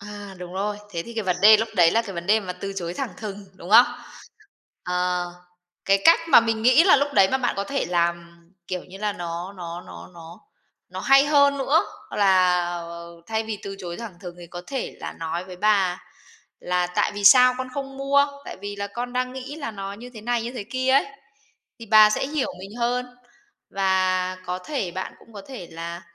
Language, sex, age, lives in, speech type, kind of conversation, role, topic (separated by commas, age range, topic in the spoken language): Vietnamese, female, 30-34, Vietnam, conversation, podcast, host, Bạn từng hối tiếc điều gì và đã học được bài học gì từ điều đó?